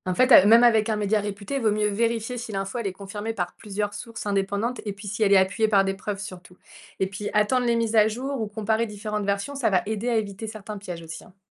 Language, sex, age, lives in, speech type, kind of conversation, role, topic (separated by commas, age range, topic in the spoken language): French, female, 30-34, France, read, expert-assistant, expert, Comment apprend-on à repérer une information fiable dans le journalisme ?